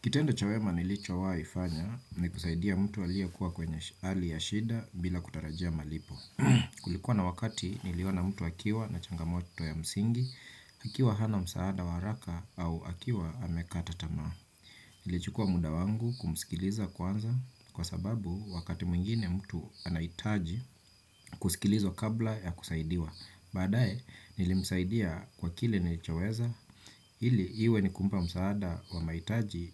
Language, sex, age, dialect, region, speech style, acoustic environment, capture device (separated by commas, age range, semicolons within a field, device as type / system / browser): Swahili, male, 35-44, Kenya - Nairobi Sheng-influenced, Kenya, relaxed; focused, nature, desktop / Windows / Chrome